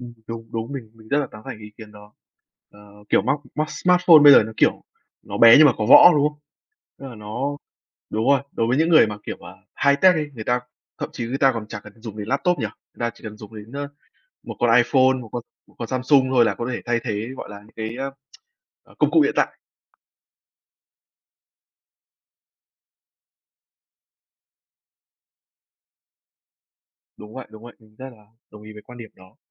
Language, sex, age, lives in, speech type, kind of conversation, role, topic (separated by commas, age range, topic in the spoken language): Vietnamese, male, 20-24, Vietnam, conversation, unstructured, none, Điều gì khiến bạn ngạc nhiên nhất về điện thoại thông minh?